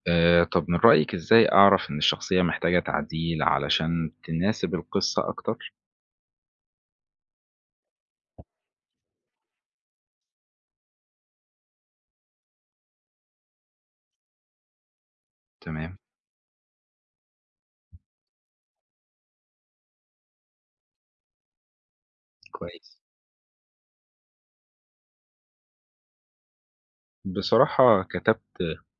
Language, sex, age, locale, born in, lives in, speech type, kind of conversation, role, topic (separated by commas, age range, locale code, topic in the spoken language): Arabic, male, 20-24, ar-EG, Egypt, Egypt, read, expert-assistant, asker, لو عايز أكتب قصة قصيرة، إيه أهم حاجة أركز عليها الأول: الفكرة ولا الشخصيات ولا الأسلوب؟